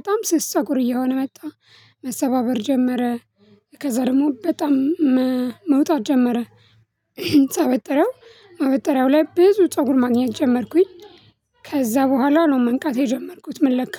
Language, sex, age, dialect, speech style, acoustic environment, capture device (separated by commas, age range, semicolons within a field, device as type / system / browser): Amharic, female, 25-34, Ethiopia - Addis Ababa, happy, people talking, mobile / Linux / Chrome